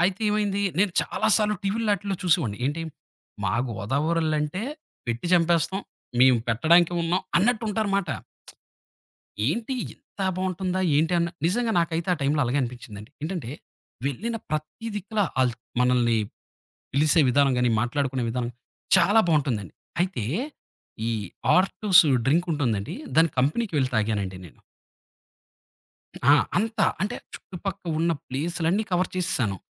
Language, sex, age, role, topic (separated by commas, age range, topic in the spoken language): Telugu, male, 30-34, guest, మీ ప్రయాణ బడ్జెట్‌ను ఎలా నియంత్రిస్తారు?